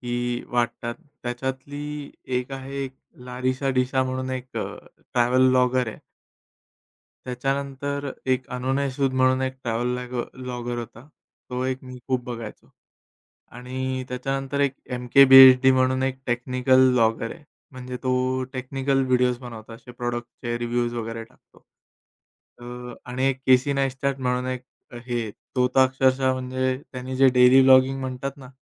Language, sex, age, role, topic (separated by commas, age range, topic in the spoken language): Marathi, male, 30-34, guest, सामाजिक माध्यमांवरील प्रभावकांचा प्रभाव तुला खऱ्या आयुष्यात कसा दिसतो?